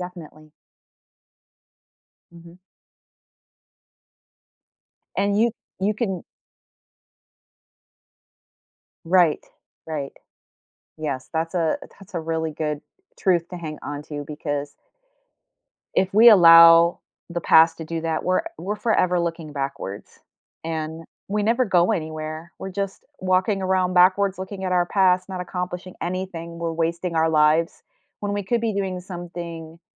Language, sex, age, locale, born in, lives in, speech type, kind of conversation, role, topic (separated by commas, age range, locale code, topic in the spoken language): English, female, 50-54, en-US, United States, United States, conversation, unstructured, none, How has overcoming a fear changed your perspective or confidence?